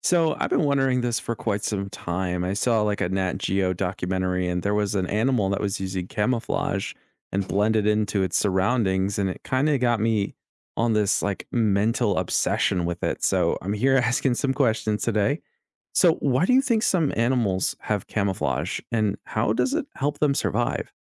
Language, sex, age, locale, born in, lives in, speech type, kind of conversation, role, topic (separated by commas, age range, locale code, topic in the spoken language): English, male, 25-29, en-US, United States, United States, read, expert-assistant, asker, Why do some animals have camouflage?